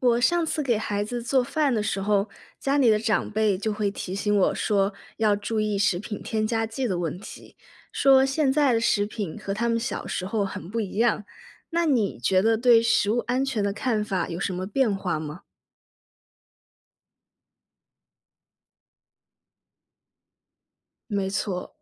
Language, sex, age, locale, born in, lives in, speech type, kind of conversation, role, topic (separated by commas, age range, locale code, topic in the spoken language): Chinese, female, 25-29, zh-CN, China, United States, read, expert-assistant, asker, 小时候和现在相比，你对食物安全的看法有变化吗？为什么会有这样的变化？